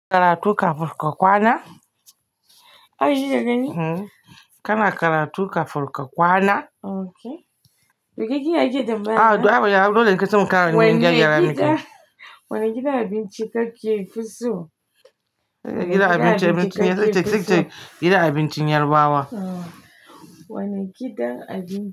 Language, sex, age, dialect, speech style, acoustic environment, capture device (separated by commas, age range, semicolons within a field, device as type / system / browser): Hausa, female, 45-54, Nigeria - Kano, happy; focused, nature; silence; appliances, mobile / Linux / Chrome